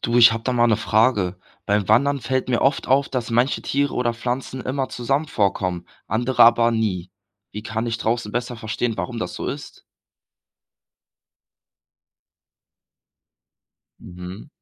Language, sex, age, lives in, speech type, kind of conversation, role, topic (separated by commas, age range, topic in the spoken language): German, male, 18-19, Germany, read, expert-assistant, asker, Wie kann man am besten verstehen, wie ein Ökosystem funktioniert?